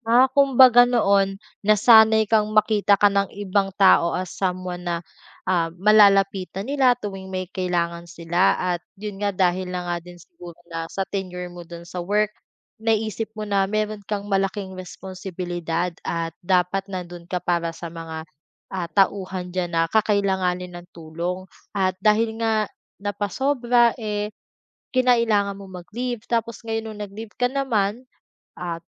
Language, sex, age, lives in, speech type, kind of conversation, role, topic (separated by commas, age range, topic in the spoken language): Filipino, female, 25-29, Philippines, conversation, advice, advisor, Paano ko mababawi ang tiwala sa sarili habang bumabalik sa trabaho pagkatapos ng pagkaubos ng lakas?